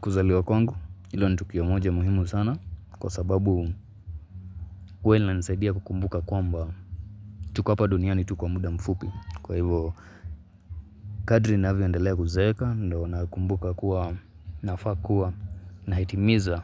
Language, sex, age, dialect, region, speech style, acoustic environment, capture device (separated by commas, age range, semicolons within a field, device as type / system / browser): Swahili, male, 25-34, Kenya - Mombasa, Kenya, relaxed, silence, mobile / Linux / Chrome